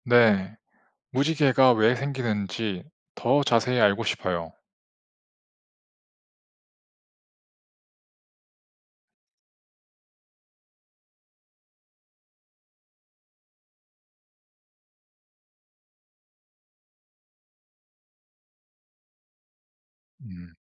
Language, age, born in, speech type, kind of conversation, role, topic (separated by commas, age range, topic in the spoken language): Korean, 30-34, South Korea, read, expert-assistant, asker, 왜 하늘은 낮에는 파랗고 저녁에는 붉게 보이나요?